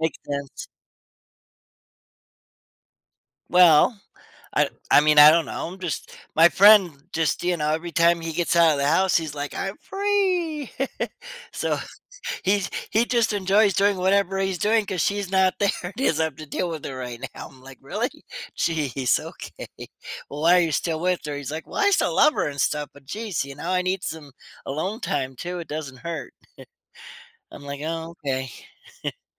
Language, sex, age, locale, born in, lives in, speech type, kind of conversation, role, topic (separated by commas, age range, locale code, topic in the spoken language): English, male, 40-44, en-US, United States, United States, conversation, podcast, guest, In what ways has technology influenced our ability to connect with others?